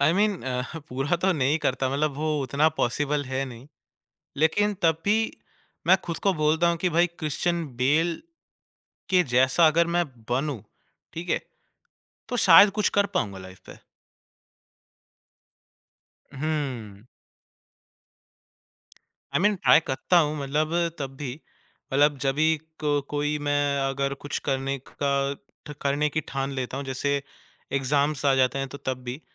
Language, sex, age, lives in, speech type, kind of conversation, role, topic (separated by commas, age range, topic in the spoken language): Hindi, male, 20-24, India, conversation, podcast, guest, Kis celebrity ko tum apna style role model maante ho?